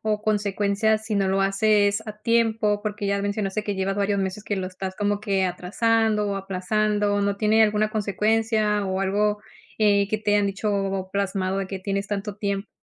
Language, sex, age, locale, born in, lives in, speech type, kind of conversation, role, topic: Spanish, female, 30-34, es-MX, Mexico, United States, conversation, advice, advisor, ¿Cómo puedo dejar de procrastinar y priorizar mejor mis tareas importantes?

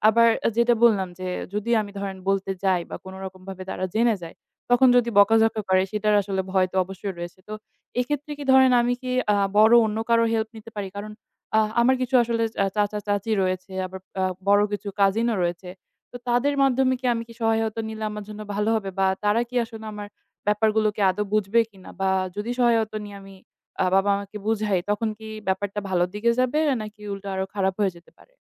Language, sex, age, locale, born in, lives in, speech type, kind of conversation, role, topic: Bengali, female, 20-24, bn-BD, Bangladesh, Bangladesh, conversation, advice, user, আমি কীভাবে ভয় কাটিয়ে সহজভাবে নিজের সত্য কথা বলতে পারি?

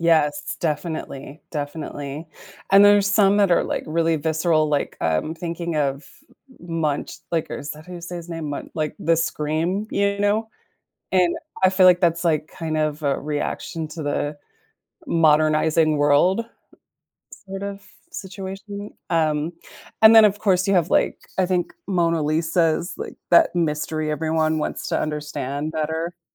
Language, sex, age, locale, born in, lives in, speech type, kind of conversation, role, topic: English, female, 45-49, en-US, United States, United States, conversation, unstructured, none, In what ways can art help people connect with emotions and experiences?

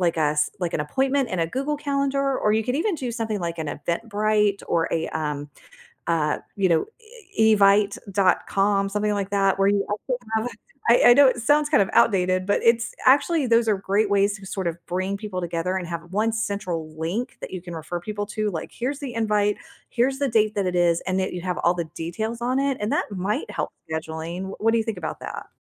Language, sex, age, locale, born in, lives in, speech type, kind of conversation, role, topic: English, female, 45-49, en-US, United States, United States, conversation, advice, advisor, How do I share good news with my family in a way that feels meaningful and considerate?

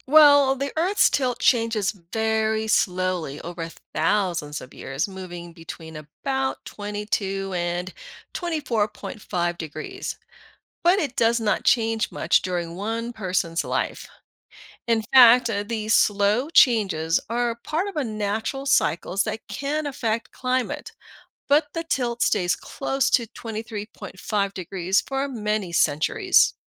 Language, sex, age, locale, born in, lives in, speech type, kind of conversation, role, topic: English, female, 50-54, en-US, Philippines, United States, read, expert-assistant, expert, Why does Earth experience different seasons each year?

